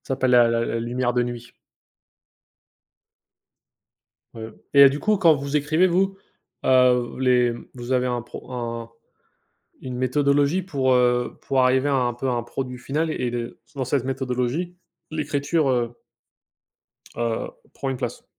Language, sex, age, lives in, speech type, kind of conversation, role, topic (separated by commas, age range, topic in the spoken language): French, male, 25-29, United States, conversation, unstructured, none, Quelle est votre méthode pour surmonter le syndrome de la page blanche en écriture créative ?